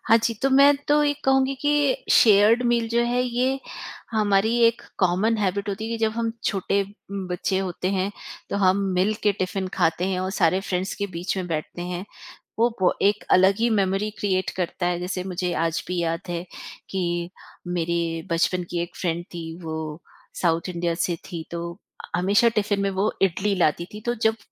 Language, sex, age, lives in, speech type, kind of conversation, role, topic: Hindi, female, 45-49, India, conversation, podcast, guest, Kya aapke saath kabhi aisa hua hai ki mil-baantkar khane ka koi pal sab par gehra asar chhod gaya ho?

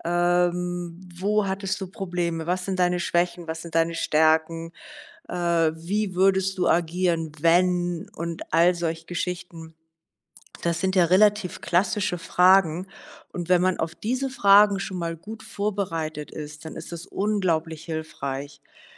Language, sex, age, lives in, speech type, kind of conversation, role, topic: German, female, 60-64, Germany, conversation, advice, advisor, Wie kann ich meine Angst vor dem Vorstellungsgespräch für eine neue Stelle überwinden?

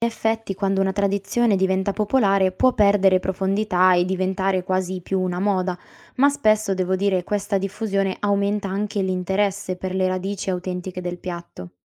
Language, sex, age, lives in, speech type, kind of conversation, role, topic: Italian, female, 20-24, Italy, read, expert-assistant, expert, Quale sarebbe una buona analogia per spiegare la differenza tra cucinare seguendo una ricetta precisa e cucinare 'a occhio'?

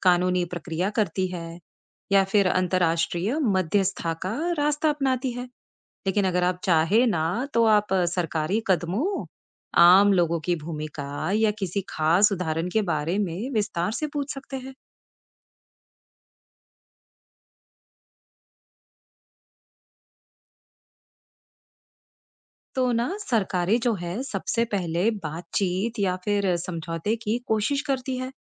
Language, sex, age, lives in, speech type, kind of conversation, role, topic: Hindi, female, 35-39, United States, read, expert-assistant, expert, क्या वाकई में कुछ देशों की सीमाएँ प्राकृतिक नदियों या पहाड़ों के हिसाब से बनती हैं, या इसमें और भी बातें शामिल होती हैं?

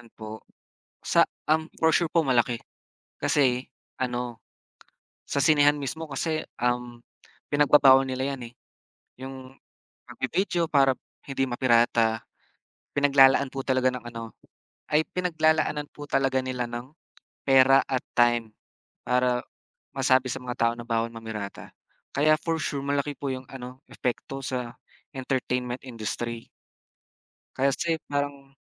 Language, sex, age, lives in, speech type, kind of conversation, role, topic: Filipino, male, 20-24, Philippines, conversation, unstructured, none, Ano ang epekto ng mga piratang pelikula sa industriya ng libangan?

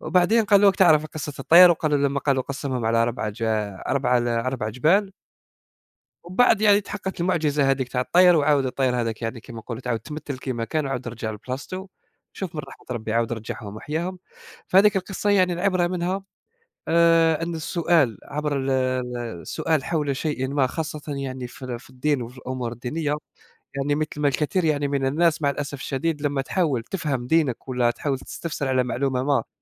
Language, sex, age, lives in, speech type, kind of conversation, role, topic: Arabic, male, 20-24, Germany, conversation, unstructured, none, إيه أجمل قصة دينية سمعتها وأثّرت فيك؟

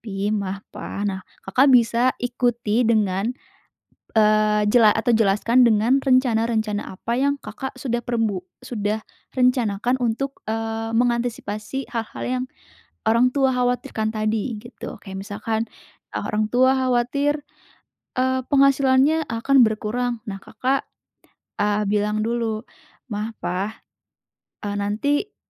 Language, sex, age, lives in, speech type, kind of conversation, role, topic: Indonesian, female, 20-24, Indonesia, conversation, advice, advisor, Bagaimana cara berdialog dengan orang tua yang menolak perubahan dalam hidup saya?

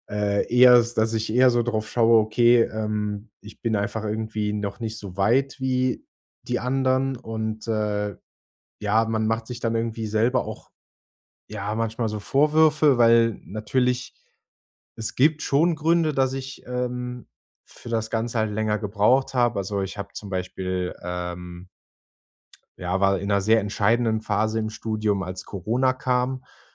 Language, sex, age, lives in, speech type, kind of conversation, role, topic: German, male, 25-29, Germany, conversation, advice, user, Wie kann ich aufhören, mich ständig mit anderen zu vergleichen?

